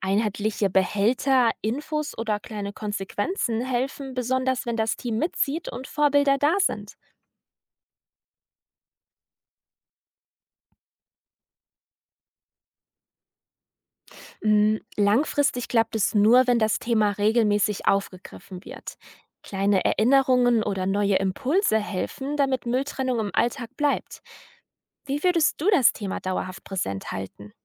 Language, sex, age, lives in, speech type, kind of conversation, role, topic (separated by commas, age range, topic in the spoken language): German, female, 20-24, Germany, read, expert-assistant, expert, Warum trennen manche Menschen ihren Müll sehr sorgfältig, während es anderen egal zu sein scheint?